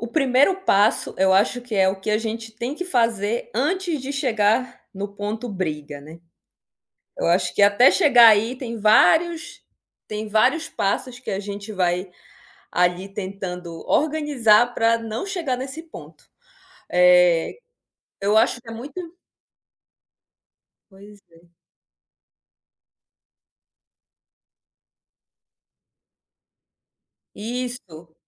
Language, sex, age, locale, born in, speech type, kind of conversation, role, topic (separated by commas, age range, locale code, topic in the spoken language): Portuguese, female, 30-34, pt-BR, Brazil, conversation, podcast, guest, Como vocês resolvem brigas em casa sem explodir?